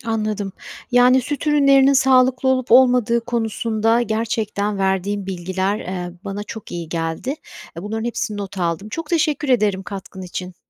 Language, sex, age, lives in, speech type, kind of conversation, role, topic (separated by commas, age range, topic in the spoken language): Turkish, female, 45-49, United States, read, expert-assistant, asker, Süt ürünlerinin sağlıklı olup olmadığı konusunda herkesin söylediği şeyler doğru mu? Gerçekten zararlı mı, yoksa bu bir şehir efsanesi mi?